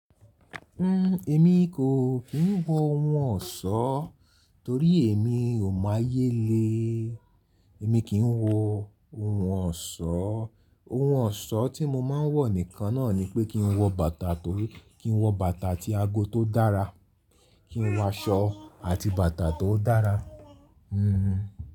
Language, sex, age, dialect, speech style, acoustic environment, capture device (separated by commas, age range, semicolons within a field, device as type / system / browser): Yoruba, male, 35-44, Nigeria - Lagos Yoruba, happy, people talking; nature; appliances, mobile / Linux / Chrome